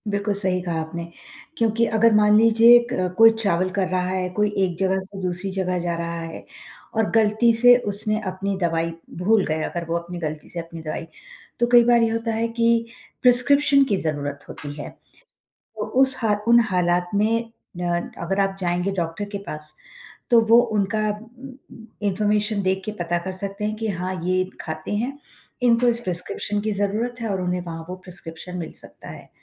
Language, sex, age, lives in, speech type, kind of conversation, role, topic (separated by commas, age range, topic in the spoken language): Hindi, female, 50-54, United States, conversation, unstructured, none, कृत्रिम बुद्धिमत्ता ने स्वास्थ्य सेवा प्रदाताओं का कार्यभार कैसे कम किया है?